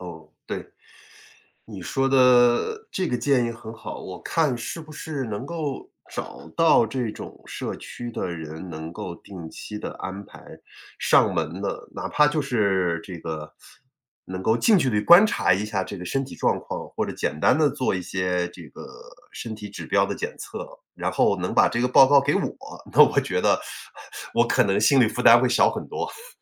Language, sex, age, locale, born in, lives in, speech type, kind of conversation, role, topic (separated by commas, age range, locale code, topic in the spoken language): Chinese, male, 45-49, zh-CN, China, United States, conversation, advice, user, 你能描述一下照顾年迈父母后生活负担突然增加的情况吗？